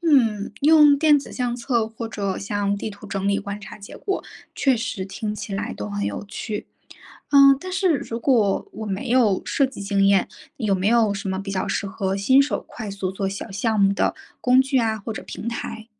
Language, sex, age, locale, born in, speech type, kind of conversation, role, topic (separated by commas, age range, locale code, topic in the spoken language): Chinese, female, 30-34, zh-CN, China, read, expert-assistant, asker, 想更好地发现身边的动植物，有哪些有趣又实用的小技巧可以尝试？